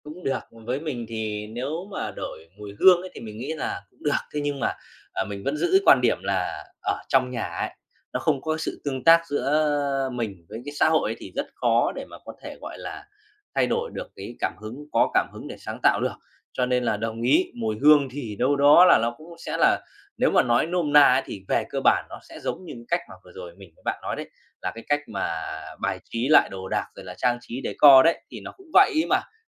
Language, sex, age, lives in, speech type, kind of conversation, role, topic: Vietnamese, male, 30-34, Vietnam, conversation, podcast, guest, Môi trường xung quanh ảnh hưởng đến sự sáng tạo của bạn như thế nào?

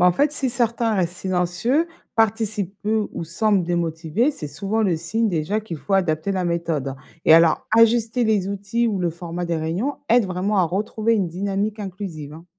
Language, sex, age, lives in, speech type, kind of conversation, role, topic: French, female, 35-39, France, read, expert-assistant, expert, Comment prendre confiance pour échanger avec des gens venus d’ailleurs sans craindre de faire d’erreurs ?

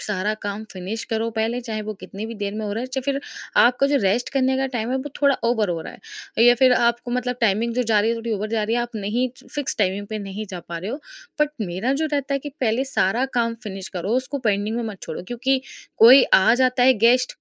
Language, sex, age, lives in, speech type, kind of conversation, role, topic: Hindi, female, 20-24, India, conversation, podcast, guest, Busy schedule mein rest lena kaise justify karte ho?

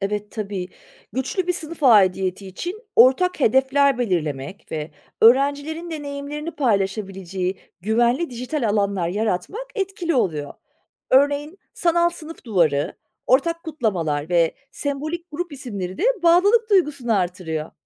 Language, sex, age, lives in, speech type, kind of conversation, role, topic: Turkish, female, 40-44, Germany, read, expert-assistant, expert, Canlı çevrim içi derslerin, yüz yüze eğitime göre güçlü ve zayıf yönleri neler?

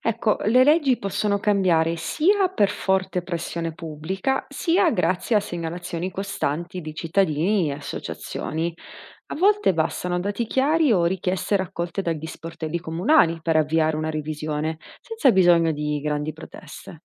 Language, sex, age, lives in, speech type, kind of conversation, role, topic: Italian, female, 30-34, Italy, read, expert-assistant, expert, Come posso capire se una nuova legge migliorerà davvero la vita delle persone?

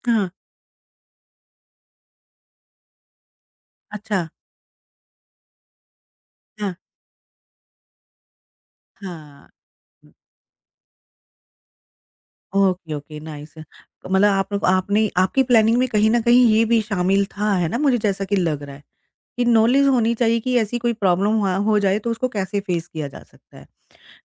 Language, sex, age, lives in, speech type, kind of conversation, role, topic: Hindi, female, 45-49, India, conversation, podcast, host, Kya tumhe aaj bhi koi wildlife encounter yaad hai jo tum ab tak nahi bhool paaye?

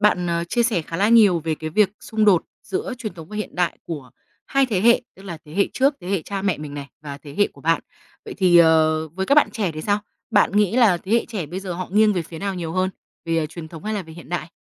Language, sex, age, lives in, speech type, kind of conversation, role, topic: Vietnamese, female, 25-29, Vietnam, conversation, podcast, host, Bạn từng cảm thấy xung đột giữa truyền thống và hiện đại không?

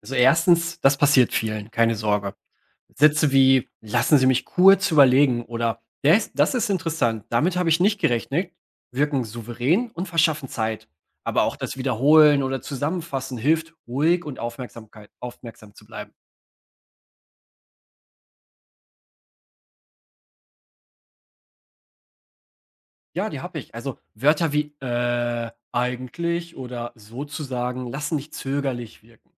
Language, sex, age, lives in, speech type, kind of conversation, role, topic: German, male, 30-34, Germany, read, expert-assistant, expert, Wie kann ich durch kleine Veränderungen in meiner Sprache mehr Selbstvertrauen im Gespräch entwickeln?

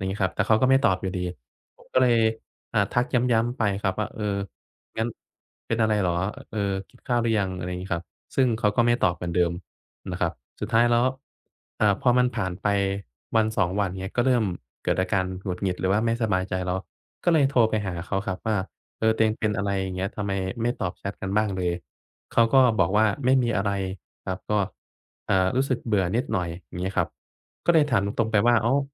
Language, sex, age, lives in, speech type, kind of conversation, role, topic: Thai, male, 25-29, Thailand, conversation, advice, user, ฉันควรฟังคำแนะนำจากคนรอบข้างหรือฟังใจตัวเองเมื่อต้องตัดสินใจเรื่องอนาคต?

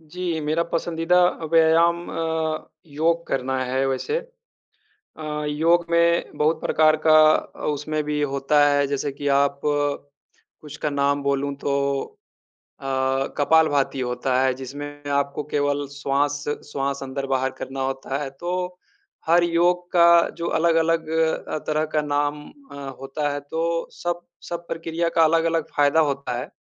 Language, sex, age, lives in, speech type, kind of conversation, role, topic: Hindi, male, 30-34, India, conversation, unstructured, none, आपकी पसंदीदा व्यायाम विधि क्या है?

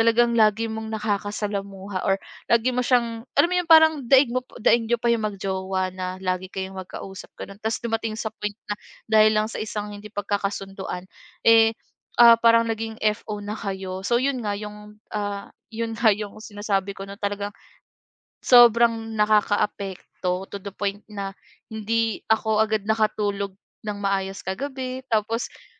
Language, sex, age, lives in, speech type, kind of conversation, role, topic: Filipino, female, 25-29, Philippines, conversation, podcast, guest, Paano mo hinaharap ang hindi pagkakasundo sa grupo?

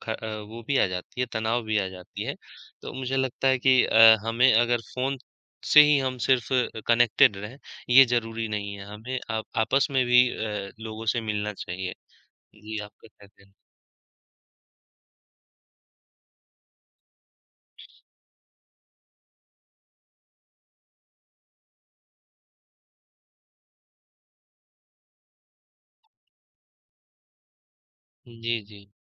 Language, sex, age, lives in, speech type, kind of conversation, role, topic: Hindi, male, 25-29, India, conversation, unstructured, none, ज्यादा फोन चलाने से रिश्तों पर क्या असर पड़ता है?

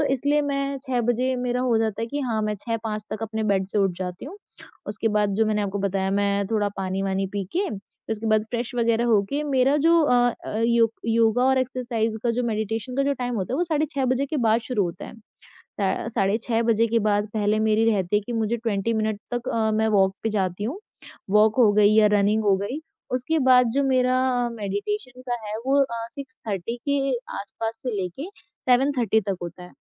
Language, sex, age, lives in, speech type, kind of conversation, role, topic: Hindi, female, 20-24, India, conversation, podcast, guest, Subah ki kaunsi chhoti routine tumhare mind aur body ko set karti hai?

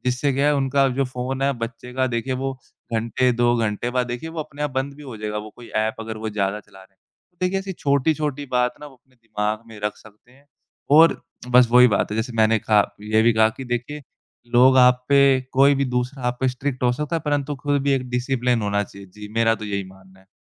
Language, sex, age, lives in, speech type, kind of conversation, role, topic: Hindi, male, 20-24, India, conversation, podcast, guest, स्क्रीन टाइम घटाने के आसान तरीके आप क्या सुझाएँगे?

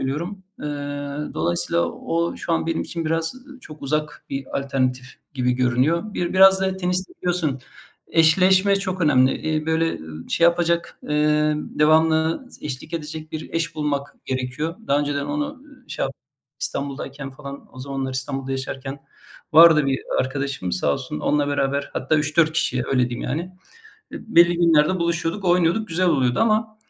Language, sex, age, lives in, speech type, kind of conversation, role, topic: Turkish, male, 45-49, Germany, conversation, unstructured, none, Hareketsiz kalmak sizin için ne kadar ciddi bir sağlık tehdidi oluşturuyor?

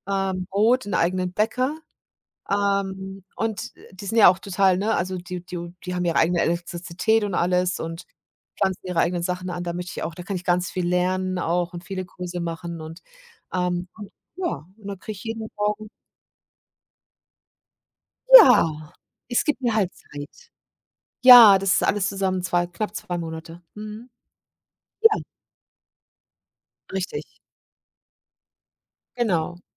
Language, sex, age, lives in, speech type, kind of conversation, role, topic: German, female, 50-54, Germany, conversation, unstructured, none, Wie wichtig ist dir eine gute Balance zwischen Beruf und Privatleben?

